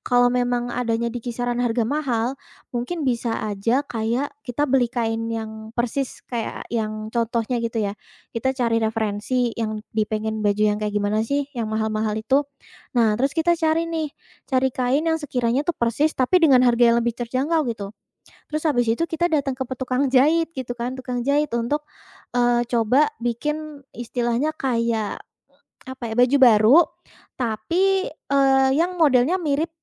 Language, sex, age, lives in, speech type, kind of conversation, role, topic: Indonesian, female, 20-24, Indonesia, conversation, podcast, guest, Apa peran media sosial dalam membentuk selera gaya?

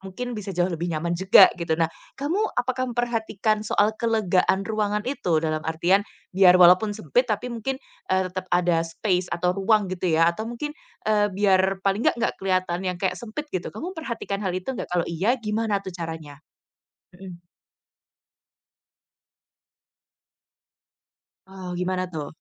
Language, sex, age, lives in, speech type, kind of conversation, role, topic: Indonesian, female, 25-29, Indonesia, conversation, podcast, host, Bagaimana kamu menata ruang tamu agar nyaman untuk mengobrol?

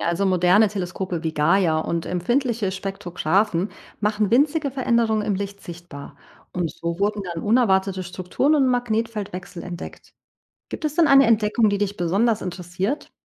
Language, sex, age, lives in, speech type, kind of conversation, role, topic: German, female, 40-44, Portugal, read, expert-assistant, expert, Wie genau wissen Forscher, was im Inneren eines Sterns passiert? Gibt es bei den Messungen Unsicherheiten oder Rätsel?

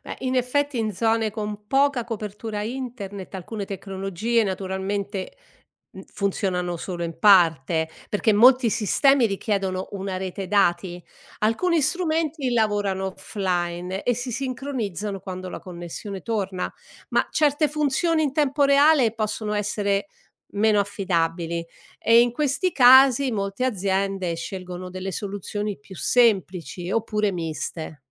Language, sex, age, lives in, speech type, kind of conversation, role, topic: Italian, female, 60-64, Italy, read, expert-assistant, expert, L'uso della tecnologia (droni, sensori, intelligenza artificiale) rende l'agricoltura sostenibile più efficace o crea nuove sfide? Quali sono i pro e i contro nell'adottare queste innovazioni?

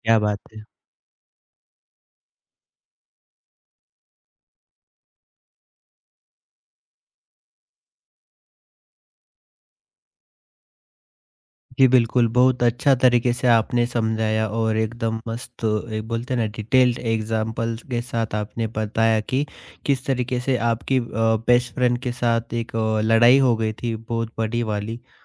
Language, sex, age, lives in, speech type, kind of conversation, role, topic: Hindi, male, 30-34, India, conversation, podcast, host, Kya ek sincere apology trust wapas la sakti hai?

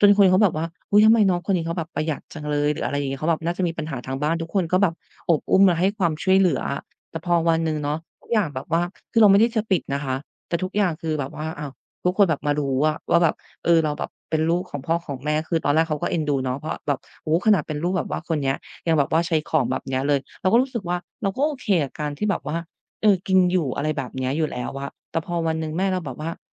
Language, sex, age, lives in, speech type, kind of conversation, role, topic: Thai, female, 30-34, Thailand, conversation, advice, user, ฉันอยากลดของหรือย้ายไปใช้ชีวิตเรียบง่าย แต่ยังลังเลอยู่ ควรตัดสินใจอย่างไร?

